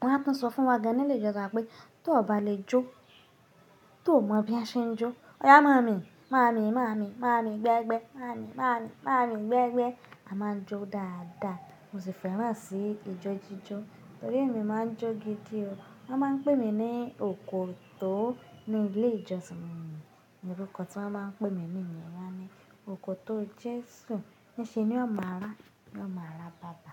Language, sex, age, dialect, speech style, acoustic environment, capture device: Yoruba, female, 25-34, Nigeria - Nigerian Standard, happy, people talking, mobile / Linux / Chrome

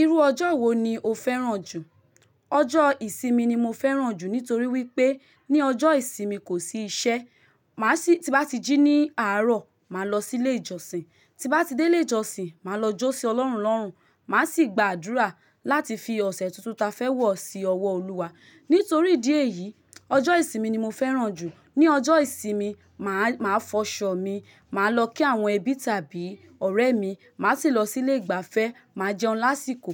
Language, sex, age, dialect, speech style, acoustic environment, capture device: Yoruba, female, 25-34, Nigeria - Lagos, happy; relaxed, silence, mobile / Linux / Chrome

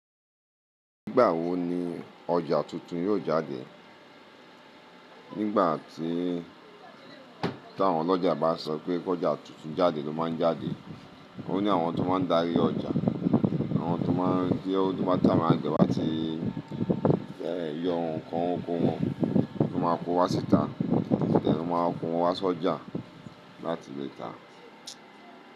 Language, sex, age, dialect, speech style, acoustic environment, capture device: Yoruba, male, 35-44, Nigeria - Lagos Yoruba, happy, appliances, mobile / Linux / Chrome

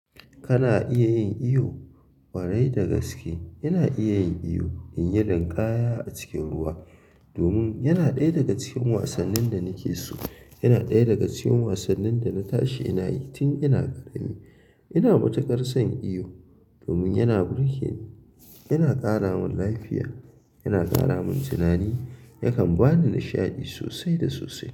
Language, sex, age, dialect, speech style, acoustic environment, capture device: Hausa, male, 25-34, Nigeria - Kano, happy, people talking; silence, mobile / Linux / Chrome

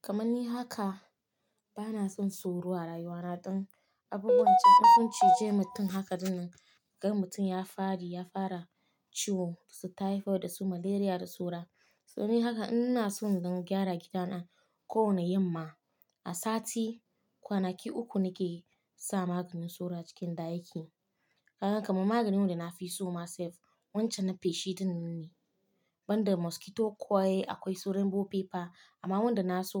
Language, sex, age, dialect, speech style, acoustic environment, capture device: Hausa, female, 25-34, Nigeria - Nigerian Standard, happy; excited, silence, mobile / Linux / Chrome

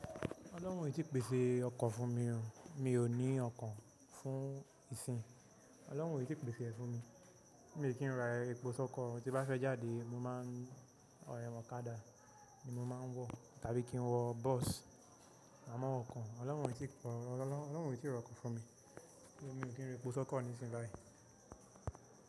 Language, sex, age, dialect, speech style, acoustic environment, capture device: Yoruba, male, 18-24, Nigeria - Nigerian Standard, relaxed, nature, mobile / macOS / Safari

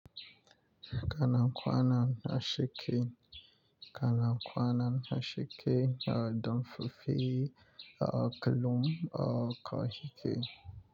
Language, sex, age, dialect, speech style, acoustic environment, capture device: Hausa, male, 25-34, Nigeria - Nigerian Standard, relaxed, nature, mobile / Linux / Chrome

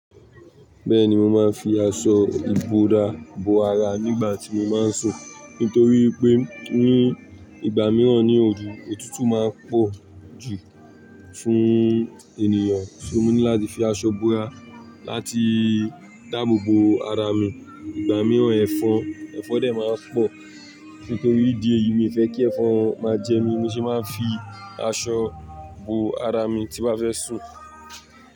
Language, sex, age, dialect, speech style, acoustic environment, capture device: Yoruba, male, 18-24, Nigeria - Lagos Yoruba, happy, people talking, mobile / Linux / Chrome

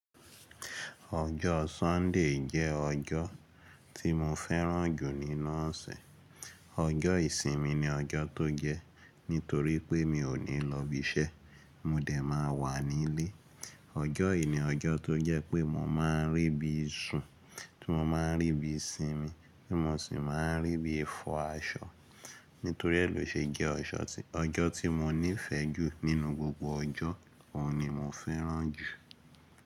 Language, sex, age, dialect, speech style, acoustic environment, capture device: Yoruba, male, 25-34, Nigeria - Lagos Yoruba, happy, silence, mobile / Linux / Chrome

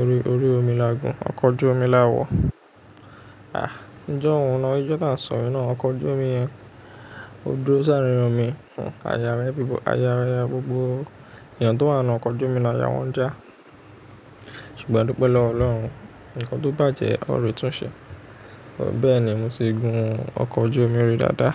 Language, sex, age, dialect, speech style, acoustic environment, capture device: Yoruba, male, 25-34, Nigeria - Ijebu, excited; happy, appliances, mobile / Linux / Chrome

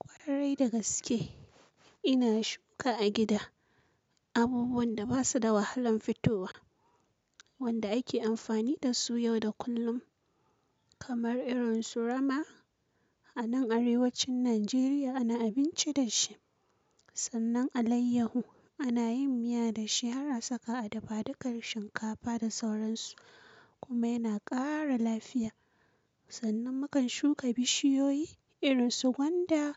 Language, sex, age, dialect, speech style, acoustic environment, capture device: Hausa, female, 25-34, Nigeria - Kano, focused, silence, mobile / Linux / Chrome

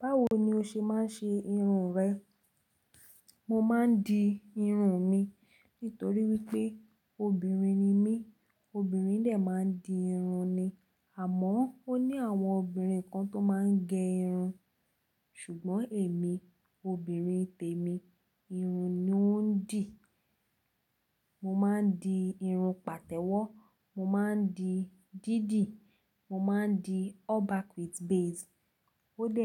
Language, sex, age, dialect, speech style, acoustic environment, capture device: Yoruba, female, 18-24, Nigeria - Lagos Yoruba, relaxed; focused, people talking; nature, mobile / Linux / Chrome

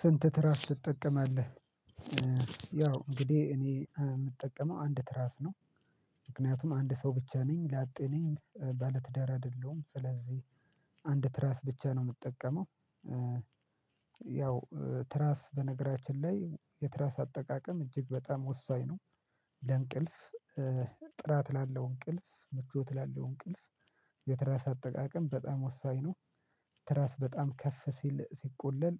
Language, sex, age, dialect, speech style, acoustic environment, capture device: Amharic, male, 25-34, Ethiopia - Addis Ababa, relaxed; happy; excited; focused, silence, mobile / Linux / Chrome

